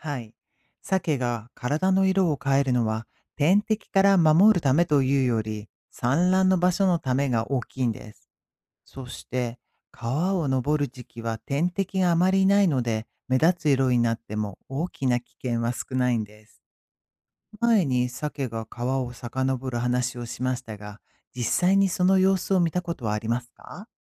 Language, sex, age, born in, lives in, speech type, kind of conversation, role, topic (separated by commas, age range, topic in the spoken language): Japanese, female, 55-59, Japan, United States, read, expert-assistant, expert, サケはどのようにして生まれた川に戻るのでしょうか？